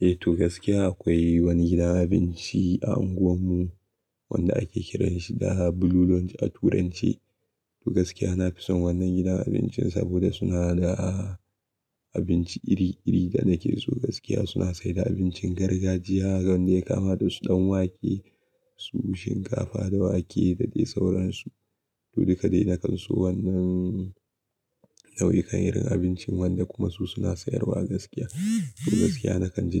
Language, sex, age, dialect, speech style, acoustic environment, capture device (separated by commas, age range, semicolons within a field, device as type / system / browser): Hausa, male, 18-24, Nigeria - Kano, excited; happy, nature; silence, mobile / Linux / Chrome